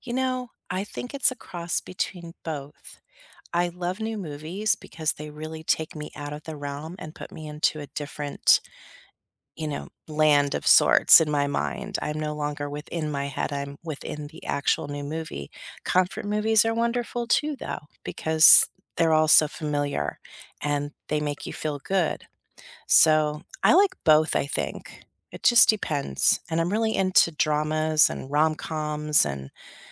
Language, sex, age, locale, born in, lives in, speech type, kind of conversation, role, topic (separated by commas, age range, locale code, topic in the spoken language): English, female, 50-54, en-US, United States, United States, conversation, unstructured, none, When you need a pick-me-up, should you rewatch comfort movies or try something new?